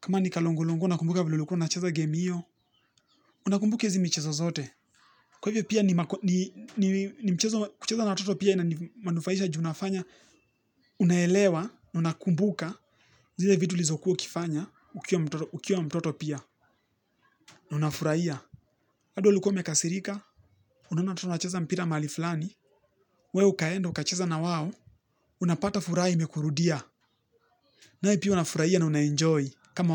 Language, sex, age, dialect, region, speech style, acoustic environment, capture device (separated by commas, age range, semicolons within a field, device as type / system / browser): Swahili, male, 18-24, Kenya - Nairobi Sheng-influenced, Kenya, relaxed, nature; people talking; appliances, mobile / Linux / Chrome